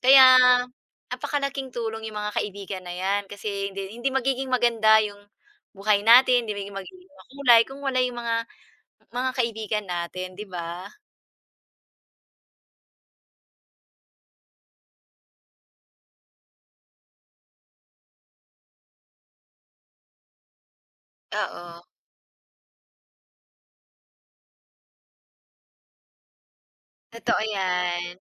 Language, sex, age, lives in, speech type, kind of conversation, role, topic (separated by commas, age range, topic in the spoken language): Filipino, female, 40-44, Philippines, conversation, unstructured, none, Paano nakatutulong ang pagkakaroon ng kaibigan sa iyong emosyonal na kalagayan?